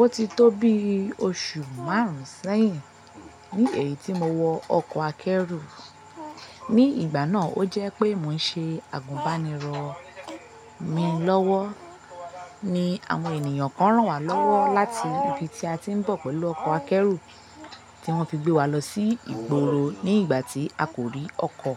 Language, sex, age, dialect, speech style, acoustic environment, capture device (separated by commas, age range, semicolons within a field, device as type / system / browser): Yoruba, female, 25-34, Nigeria - Lagos Yoruba, tired, people talking; nature, mobile / Linux / Chrome